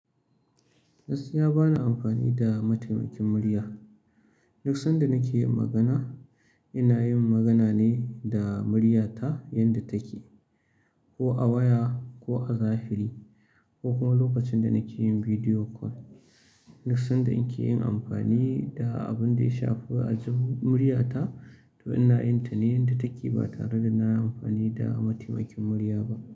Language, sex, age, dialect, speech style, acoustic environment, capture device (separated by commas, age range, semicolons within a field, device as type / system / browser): Hausa, male, 35-44, Nigeria - Kano, relaxed, silence, mobile / Linux / Chrome